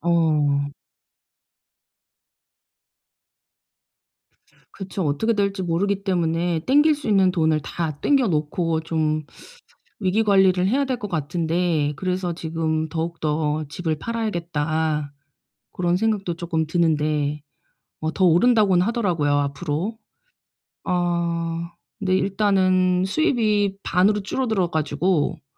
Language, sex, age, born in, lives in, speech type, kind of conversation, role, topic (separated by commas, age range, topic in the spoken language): Korean, female, 45-49, South Korea, South Korea, conversation, advice, user, 사업 예산을 더 잘 관리하고 최적화하려면 무엇부터 시작해야 하나요?